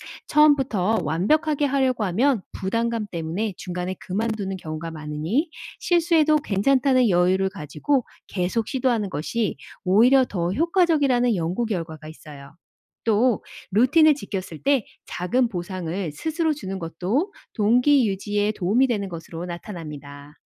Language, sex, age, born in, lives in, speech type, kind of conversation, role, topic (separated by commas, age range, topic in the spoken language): Korean, female, 45-49, South Korea, United States, read, expert-assistant, expert, 자기주도 학습 습관은 어떻게 꾸준히 만들 수 있을까요?